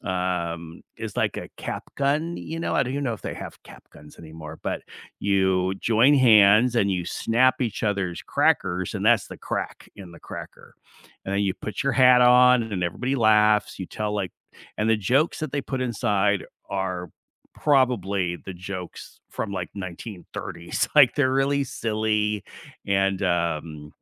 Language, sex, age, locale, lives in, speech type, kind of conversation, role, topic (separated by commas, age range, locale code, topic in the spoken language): English, male, 60-64, en-US, United States, conversation, unstructured, none, Which family or cultural tradition have you kept, why does it matter, and how does it shape you?